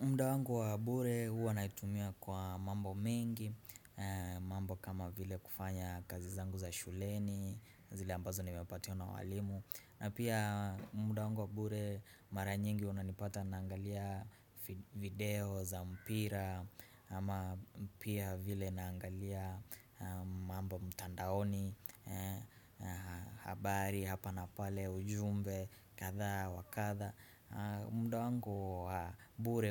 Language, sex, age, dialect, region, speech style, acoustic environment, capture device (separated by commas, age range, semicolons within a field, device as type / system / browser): Swahili, male, 18-24, Kenya - Mombasa, Kenya, relaxed, silence, desktop / Windows / Chrome